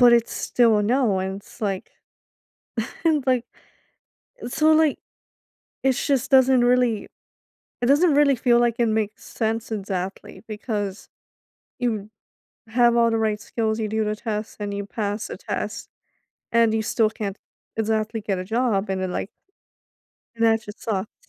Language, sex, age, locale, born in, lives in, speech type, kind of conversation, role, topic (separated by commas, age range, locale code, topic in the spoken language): English, female, 25-29, en-US, United States, United States, conversation, advice, user, How can I stop worrying about being judged for my finances?